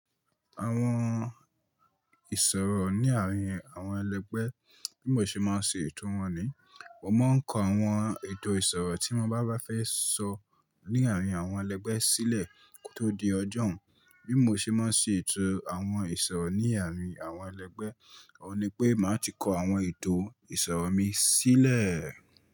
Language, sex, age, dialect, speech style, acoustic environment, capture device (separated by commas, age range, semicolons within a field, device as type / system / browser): Yoruba, male, 25-34, Nigeria - Ibadan, focused; relaxed, silence; nature, mobile / Linux / Chrome